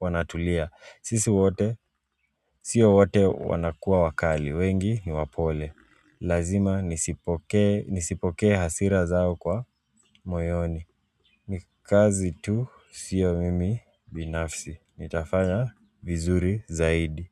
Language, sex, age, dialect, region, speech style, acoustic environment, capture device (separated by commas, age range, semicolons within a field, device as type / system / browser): Swahili, male, 18-24, Kenya - Mombasa, Kenya, relaxed, nature, mobile / Android / Chrome